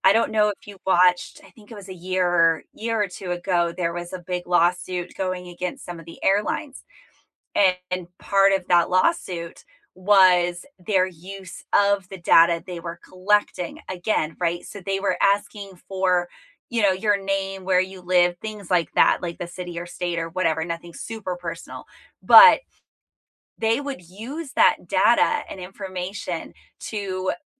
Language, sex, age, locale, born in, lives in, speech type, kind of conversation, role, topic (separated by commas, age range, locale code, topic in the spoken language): English, female, 35-39, en-US, United States, United States, conversation, unstructured, none, Do you think tech companies should be more honest with users?